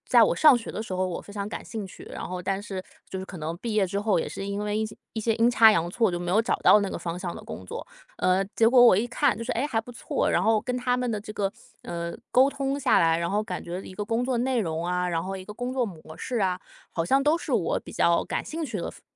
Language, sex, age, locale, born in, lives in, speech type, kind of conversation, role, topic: Chinese, female, 25-29, zh-CN, China, United States, conversation, advice, user, 你是如何决定接受还是拒绝一份工作邀约的？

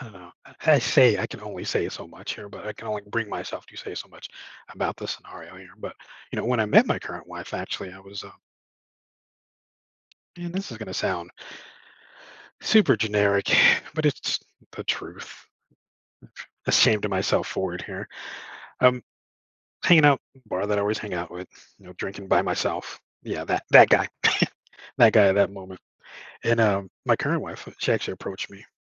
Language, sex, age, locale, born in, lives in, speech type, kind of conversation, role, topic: English, male, 40-44, en-US, United States, United States, conversation, advice, user, How do I cope with loneliness after losing my spouse?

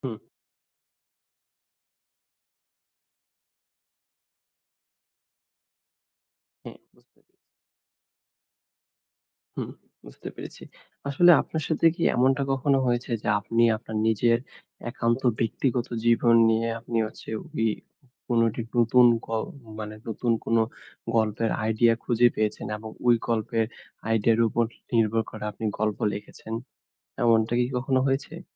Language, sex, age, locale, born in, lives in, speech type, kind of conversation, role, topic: Bengali, male, 20-24, bn-BD, Bangladesh, Bangladesh, conversation, podcast, host, আপনি নতুন গল্পের ভাবনা কীভাবে খুঁজে পান?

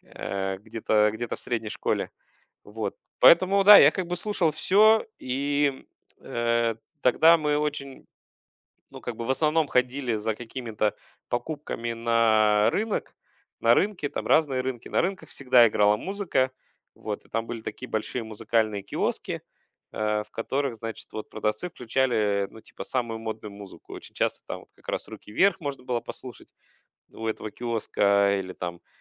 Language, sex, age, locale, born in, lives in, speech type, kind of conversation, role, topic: Russian, male, 35-39, ru-UA, Russia, Germany, conversation, podcast, guest, Какая песня возвращает тебя в детство и почему?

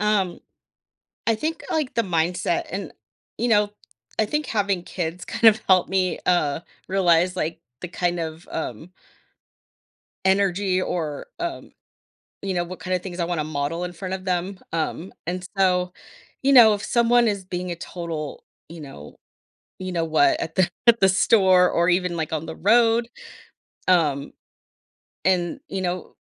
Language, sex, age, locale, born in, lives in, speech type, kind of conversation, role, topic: English, female, 40-44, en-US, United States, United States, conversation, podcast, guest, What are some practical habits that can help manage daily stress?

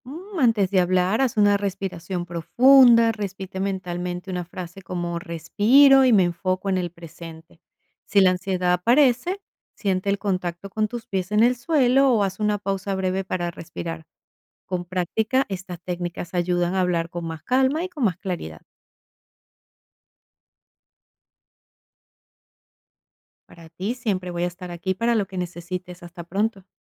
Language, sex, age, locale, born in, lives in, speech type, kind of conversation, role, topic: Spanish, female, 45-49, es-VE, Venezuela, United States, read, expert-assistant, expert, ¿Cuáles son las mejores estrategias prácticas para mejorar la calidad del sueño cada noche?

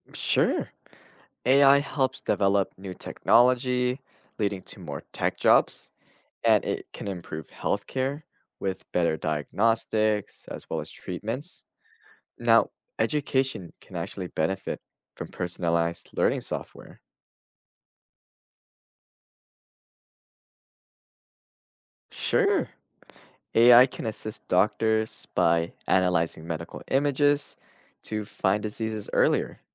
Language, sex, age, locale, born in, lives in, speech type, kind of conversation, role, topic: English, male, 20-24, en-US, United States, United States, read, expert-assistant, expert, How does artificial intelligence affect the job market?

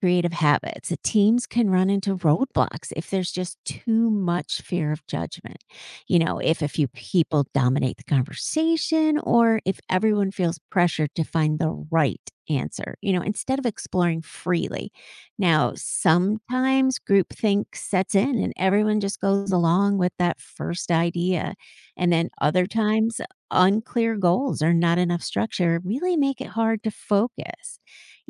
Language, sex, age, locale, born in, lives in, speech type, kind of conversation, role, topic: English, female, 55-59, en-US, United States, United States, read, expert-assistant, expert, Is creativity innate, or can it be developed?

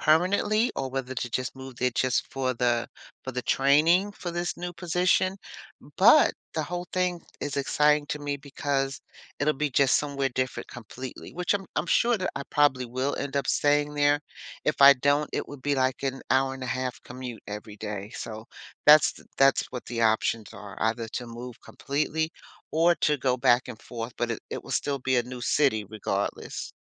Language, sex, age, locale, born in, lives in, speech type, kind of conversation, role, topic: English, female, 60-64, en-US, United States, United States, conversation, advice, user, How can I build routines and community in a new city?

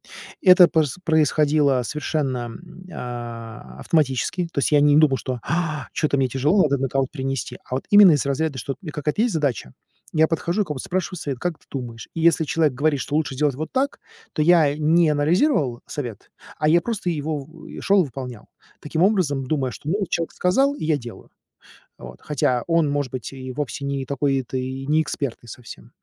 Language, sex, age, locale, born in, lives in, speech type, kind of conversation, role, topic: Russian, male, 40-44, ru-RU, Russia, United States, conversation, advice, user, Как мне сохранить мотивацию после ошибки, чтобы не начать себя критиковать?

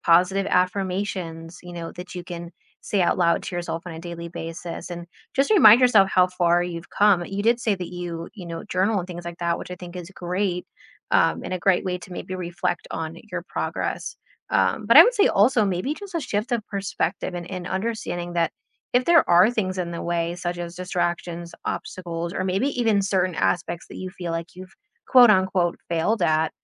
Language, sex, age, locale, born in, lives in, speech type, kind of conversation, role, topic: English, female, 30-34, en-US, United States, United States, conversation, advice, advisor, How can I overcome frustration and make consistent progress on my personal goals?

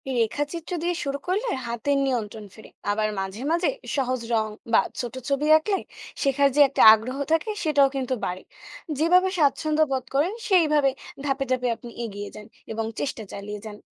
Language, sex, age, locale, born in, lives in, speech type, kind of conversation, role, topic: Bengali, female, 20-24, bn-BD, Bangladesh, Bangladesh, read, expert-assistant, expert, ডিজিটাল আর্টে নিজের দক্ষতা বাড়াতে সবচেয়ে কার্যকর উপায়গুলো কী হতে পারে?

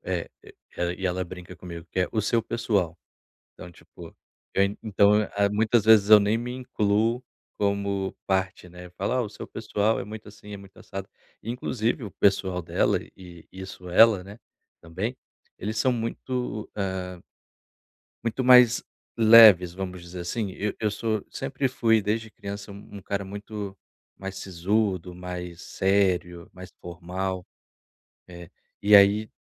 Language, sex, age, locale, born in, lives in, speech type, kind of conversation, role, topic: Portuguese, male, 30-34, pt-BR, Brazil, Portugal, conversation, advice, user, Como posso lidar com a ansiedade ao visitar lugares desconhecidos?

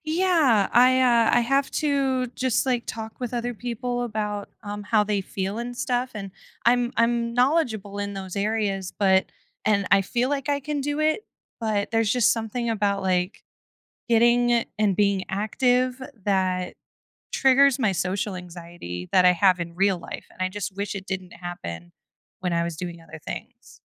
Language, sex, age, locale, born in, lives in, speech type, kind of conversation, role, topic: English, female, 25-29, en-US, United States, United States, conversation, advice, user, How can I calm my nerves and feel prepared before starting a new job?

